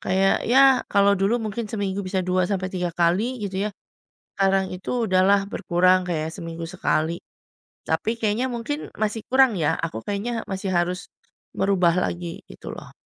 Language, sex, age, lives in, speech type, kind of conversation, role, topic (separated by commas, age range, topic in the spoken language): Indonesian, female, 40-44, Indonesia, conversation, advice, user, Bagaimana cara memulai dan mempertahankan rutinitas olahraga serta pola makan sehat?